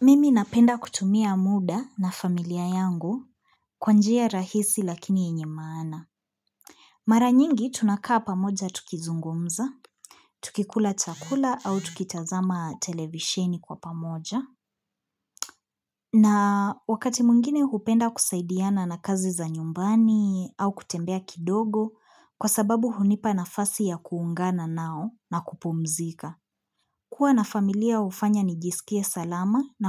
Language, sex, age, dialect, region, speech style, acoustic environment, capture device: Swahili, female, 25-34, Kenya - Nairobi Sheng-influenced, Kenya, focused; happy, people talking; appliances, mobile / Linux / Chrome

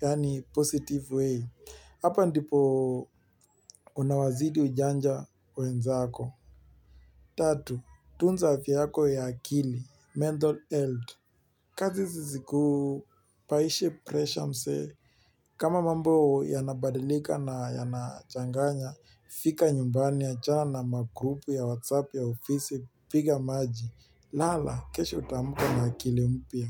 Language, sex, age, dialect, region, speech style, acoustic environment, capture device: Swahili, male, 18-24, Kenya - Nairobi Sheng-influenced, Kenya, relaxed, nature, mobile / Linux / Chrome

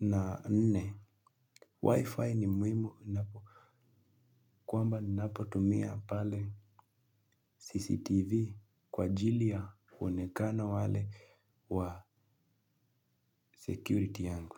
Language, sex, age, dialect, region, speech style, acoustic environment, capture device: Swahili, male, 18-24, Kenya - Nairobi Sheng-influenced, Kenya, relaxed, silence, mobile / Linux / Chrome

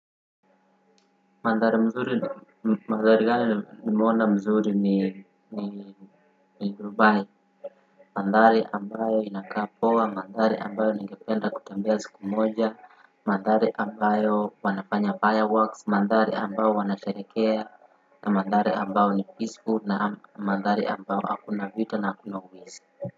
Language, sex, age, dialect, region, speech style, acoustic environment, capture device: Swahili, male, 18-24, Kenya - Nairobi Sheng-influenced, Kenya, happy, people talking, desktop / Windows / Firefox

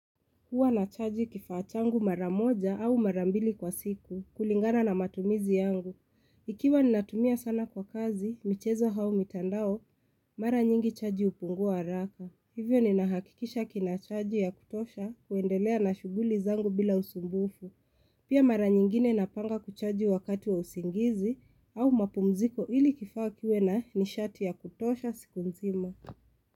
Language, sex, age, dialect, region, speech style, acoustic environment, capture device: Swahili, female, 25-34, Kenya - Nairobi Sheng-influenced, Kenya, excited, silence, mobile / Linux / Chrome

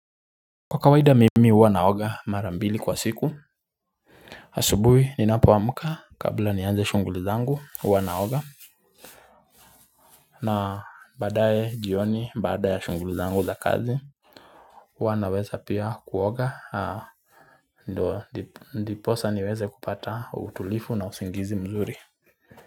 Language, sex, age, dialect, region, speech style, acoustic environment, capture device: Swahili, male, 25-34, Kenya - Nairobi Sheng-influenced, Kenya, relaxed; focused, nature; silence, mobile / Linux / Chrome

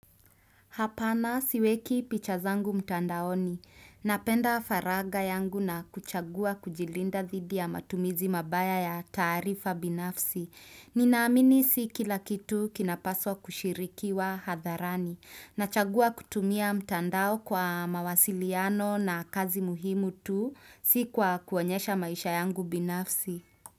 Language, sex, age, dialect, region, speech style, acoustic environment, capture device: Swahili, female, 25-34, Kenya - Nairobi Sheng-influenced, Kenya, happy; relaxed; excited; focused, nature; silence; appliances, desktop / Windows / Chrome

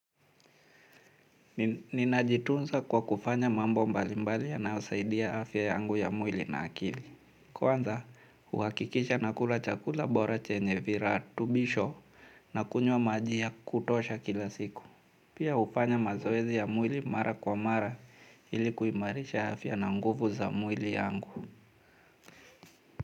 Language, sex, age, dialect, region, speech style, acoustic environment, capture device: Swahili, male, 35-44, Kenya - Mombasa, Kenya, anxious; nervous, nature, mobile / Linux / Chrome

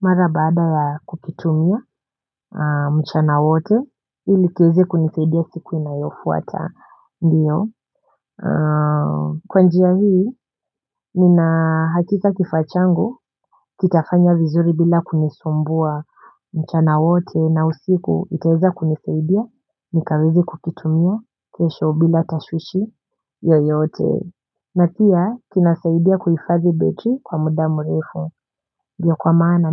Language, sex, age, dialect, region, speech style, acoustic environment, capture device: Swahili, female, 18-24, Kenya - Nairobi Sheng-influenced, Kenya, relaxed, silence; appliances, mobile / Linux / Chrome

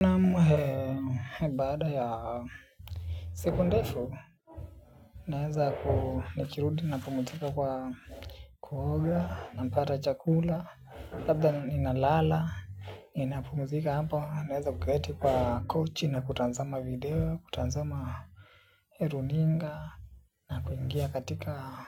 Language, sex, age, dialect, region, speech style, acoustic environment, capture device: Swahili, male, 18-24, Kenya - Nairobi Sheng-influenced, Kenya, relaxed; focused; excited; happy, silence; nature; appliances, desktop / Linux / Chrome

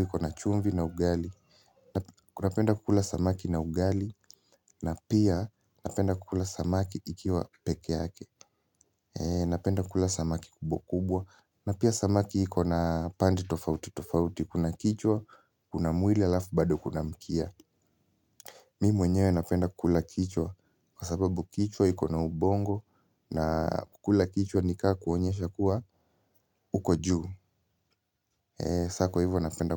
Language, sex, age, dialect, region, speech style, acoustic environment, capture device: Swahili, male, 18-24, Kenya - Nairobi Sheng-influenced, Kenya, relaxed; focused, silence, mobile / Linux / Chrome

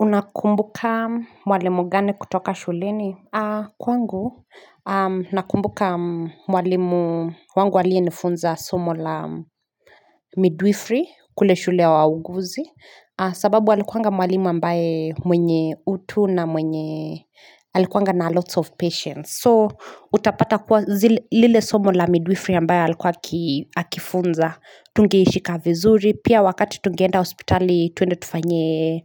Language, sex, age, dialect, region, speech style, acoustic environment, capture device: Swahili, female, 25-34, Kenya - Nairobi Sheng-influenced, Kenya, happy; focused; relaxed, silence, mobile / Linux / Chrome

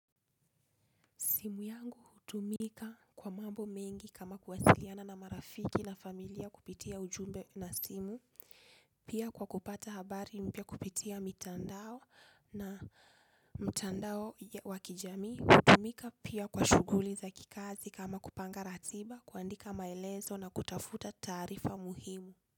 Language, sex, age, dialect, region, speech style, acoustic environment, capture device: Swahili, female, 25-34, Kenya - Nairobi Sheng-influenced, Kenya, focused, silence, desktop / Linux / Chrome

